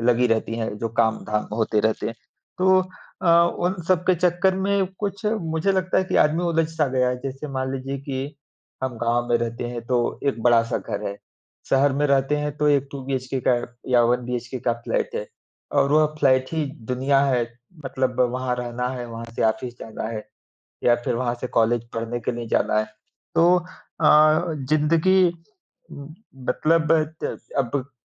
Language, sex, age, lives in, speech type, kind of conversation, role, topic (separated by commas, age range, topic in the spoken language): Hindi, male, 30-34, India, conversation, unstructured, none, आप काम और निजी जीवन में संतुलन कैसे बनाते हैं?